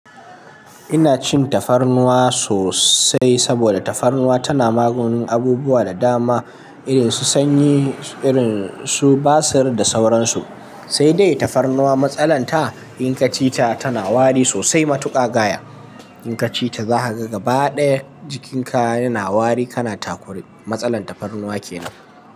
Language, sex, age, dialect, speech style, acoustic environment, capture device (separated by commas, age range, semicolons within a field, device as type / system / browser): Hausa, male, 18-24, Nigeria - Kano, nervous; excited; focused; stressed; anxious; tired; relaxed; happy, people talking; traffic; construction; music; nature; silence; appliances, mobile / Linux / Chrome